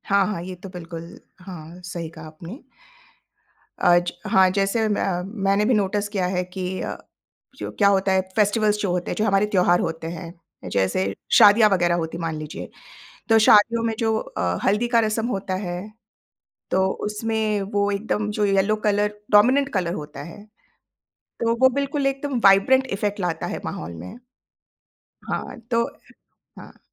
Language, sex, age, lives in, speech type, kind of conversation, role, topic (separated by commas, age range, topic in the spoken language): Hindi, female, 45-49, India, conversation, podcast, host, Colors ka tumhare mood par kitna asar padta hai?